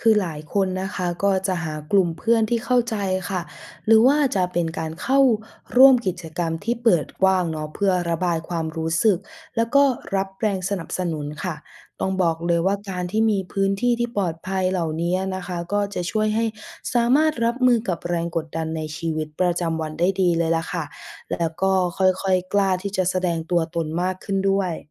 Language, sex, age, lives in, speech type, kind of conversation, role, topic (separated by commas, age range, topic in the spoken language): Thai, female, 20-24, Thailand, read, expert-assistant, expert, อยากเข้าใจว่าทำไมบางประเด็นทางวัฒนธรรมถึงถูกพูดถึงต่างกันในแต่ละกลุ่ม เราควรหาข้อมูลจากไหนถึงจะเห็นมุมมองที่หลากหลาย?